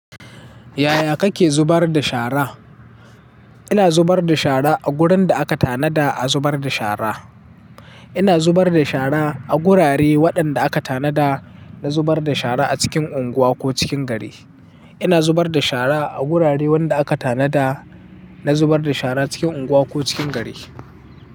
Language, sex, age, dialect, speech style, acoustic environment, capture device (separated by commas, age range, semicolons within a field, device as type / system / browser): Hausa, male, 18-24, Nigeria - Katsina, happy, silence; people talking, mobile / Linux / Chrome